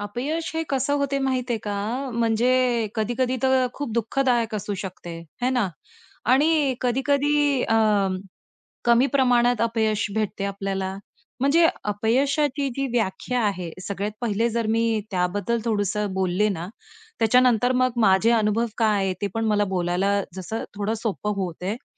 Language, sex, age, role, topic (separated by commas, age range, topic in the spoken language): Marathi, female, 35-39, guest, अपयशानंतर तुम्ही पुन्हा सुरुवात कशी करता?